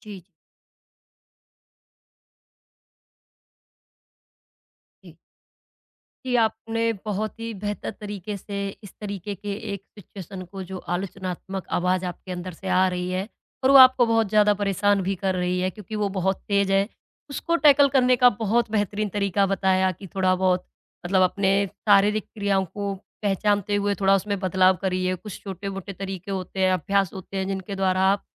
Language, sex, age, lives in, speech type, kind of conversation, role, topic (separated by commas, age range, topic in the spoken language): Hindi, female, 20-24, India, conversation, podcast, host, आप अपने भीतर की आलोचनात्मक आवाज़ से कैसे निपटते हैं?